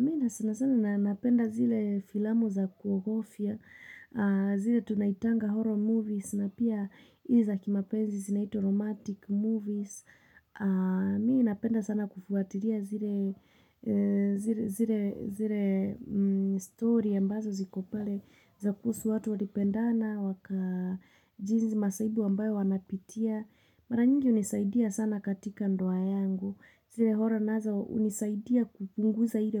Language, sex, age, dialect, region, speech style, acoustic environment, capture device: Swahili, female, 25-34, Kenya - Nairobi Sheng-influenced, Kenya, focused, nature, mobile / Linux / Chrome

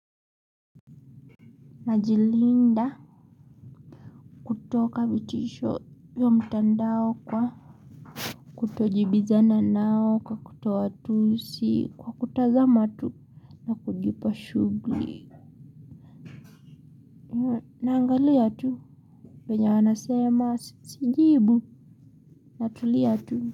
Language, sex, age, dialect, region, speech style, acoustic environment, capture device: Swahili, female, 18-24, Kenya - Mombasa, Kenya, happy, silence, mobile / Linux / Chrome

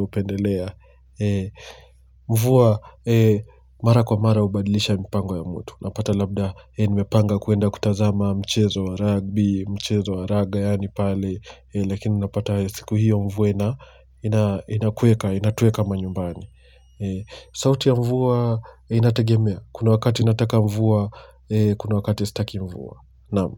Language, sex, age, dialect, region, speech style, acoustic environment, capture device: Swahili, male, 25-34, Kenya - Mombasa, Kenya, relaxed; focused, silence, mobile / Linux / Chrome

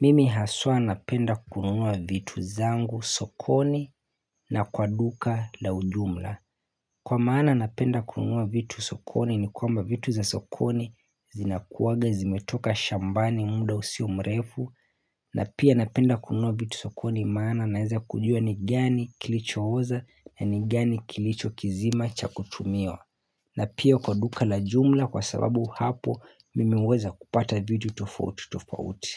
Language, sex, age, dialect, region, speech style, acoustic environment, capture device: Swahili, male, 25-34, Kenya - Mombasa, Kenya, happy, nature, mobile / Linux / Chrome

